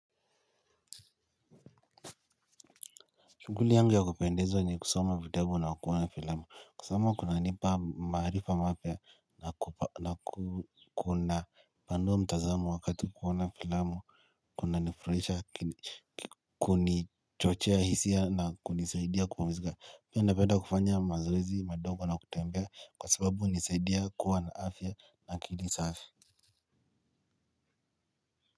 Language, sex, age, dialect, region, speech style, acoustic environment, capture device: Swahili, male, 18-24, Kenya - Nairobi Sheng-influenced, Kenya, happy, silence, mobile / Linux / Chrome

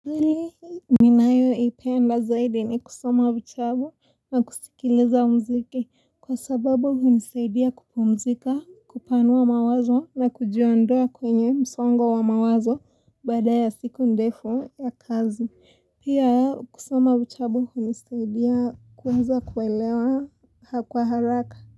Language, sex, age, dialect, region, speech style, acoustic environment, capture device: Swahili, female, 18-24, Kenya - Mombasa, Kenya, relaxed, appliances; silence, mobile / Linux / Chrome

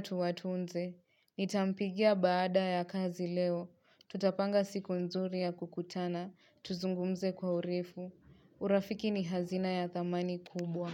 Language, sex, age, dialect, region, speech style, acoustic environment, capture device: Swahili, female, 25-34, Kenya - Nairobi Sheng-influenced, Kenya, relaxed, silence, mobile / Linux / Chrome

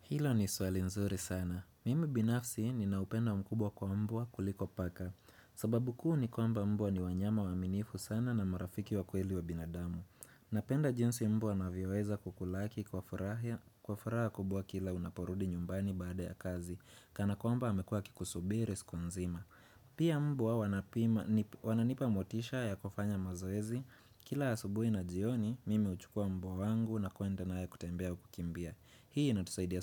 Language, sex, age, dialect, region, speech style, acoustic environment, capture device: Swahili, male, 25-34, Kenya - Nairobi Sheng-influenced, Kenya, happy, people talking; nature, desktop / Windows / Chrome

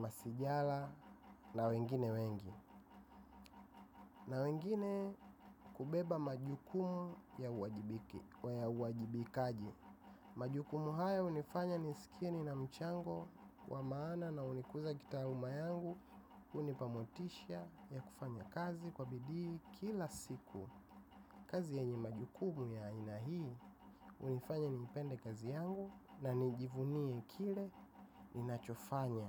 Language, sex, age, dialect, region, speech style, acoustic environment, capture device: Swahili, male, 25-34, Tanzania - Dar es Salaam, Tanzania, relaxed; happy; focused; excited, silence; nature; appliances, mobile / macOS / Safari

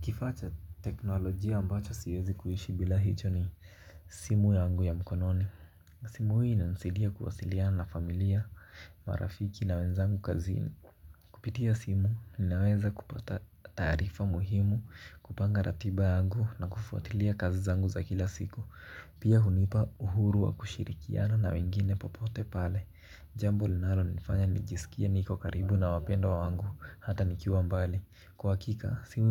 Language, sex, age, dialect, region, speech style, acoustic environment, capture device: Swahili, male, 18-24, Kenya - Mombasa, Kenya, focused, silence; nature, mobile / Linux / Chrome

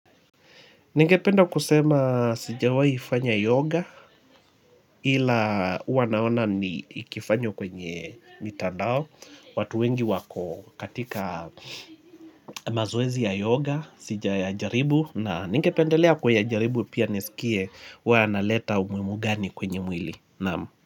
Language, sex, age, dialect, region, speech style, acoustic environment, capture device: Swahili, male, 25-34, Kenya - Mombasa, Kenya, happy; relaxed, people talking, mobile / Linux / Chrome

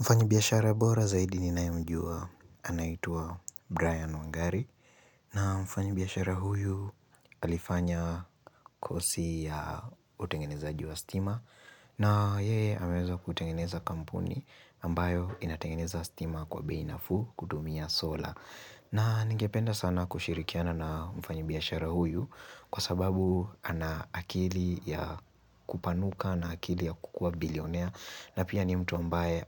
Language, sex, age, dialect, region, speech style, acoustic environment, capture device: Swahili, male, 18-24, Kenya - Nairobi Sheng-influenced, Kenya, happy, silence, mobile / Linux / Chrome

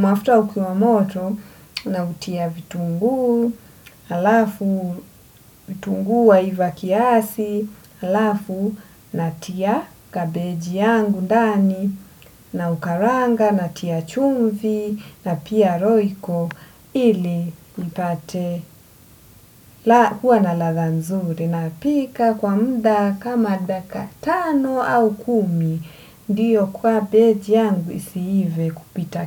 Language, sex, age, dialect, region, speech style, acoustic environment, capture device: Swahili, female, 25-34, Kenya - Mombasa, Kenya, relaxed, nature, desktop / Windows / Edge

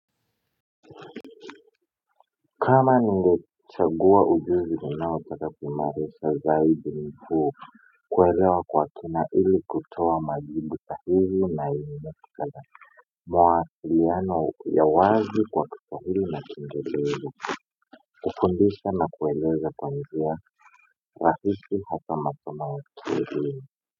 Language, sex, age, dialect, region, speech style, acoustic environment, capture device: Swahili, male, 18-24, Kenya - Nairobi Sheng-influenced, Kenya, happy; anxious; excited; nervous; focused; tired; relaxed; stressed, appliances; silence; people talking; music; nature; construction; traffic, mobile / Linux / Chrome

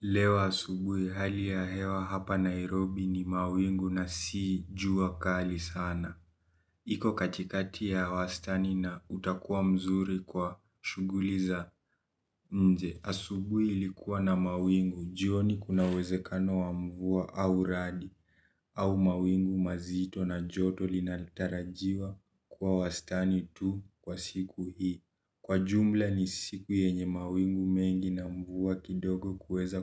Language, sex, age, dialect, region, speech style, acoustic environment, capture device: Swahili, male, 18-24, Kenya - Nairobi Sheng-influenced, Kenya, tired, silence, mobile / Linux / Chrome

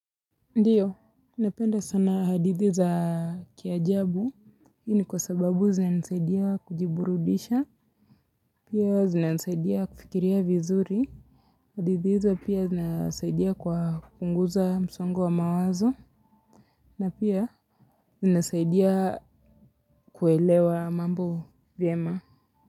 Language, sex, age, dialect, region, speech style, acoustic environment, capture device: Swahili, female, 25-34, Kenya - Mombasa, Kenya, excited, music; nature, mobile / Linux / Chrome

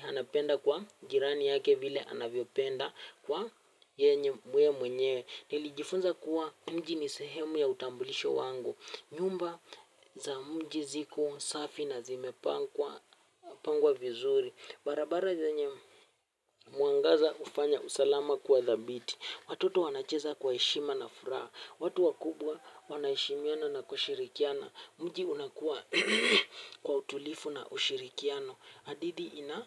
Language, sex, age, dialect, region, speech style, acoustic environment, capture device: Swahili, male, 18-24, Kenya - Nairobi Sheng-influenced, Kenya, relaxed; happy, music; nature, mobile / Linux / Chrome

